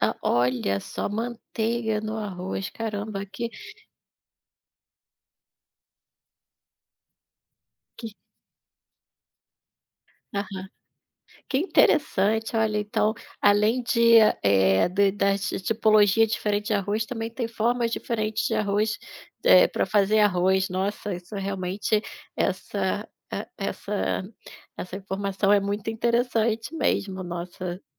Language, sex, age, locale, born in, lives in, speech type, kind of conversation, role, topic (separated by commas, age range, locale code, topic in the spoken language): Portuguese, female, 40-44, pt-BR, Brazil, Portugal, conversation, podcast, host, Existe algum truque para fazer arroz bem soltinho?